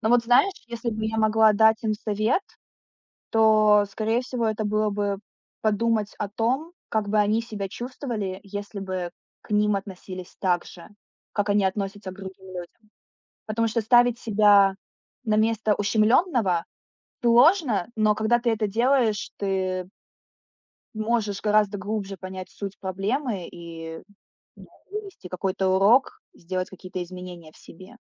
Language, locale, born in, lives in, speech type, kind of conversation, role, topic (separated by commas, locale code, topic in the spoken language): Russian, ru-RU, Ukraine, Estonia, conversation, unstructured, none, Что вас обычно больше всего огорчает в людях?